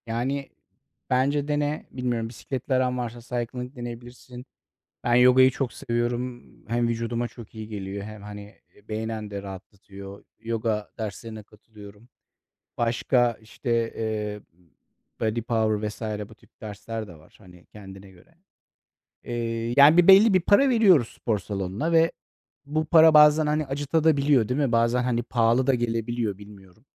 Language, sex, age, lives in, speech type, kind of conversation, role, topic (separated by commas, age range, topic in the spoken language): Turkish, male, 40-44, Netherlands, conversation, unstructured, none, Spor salonlarını pahalı bulanlar haklı mı?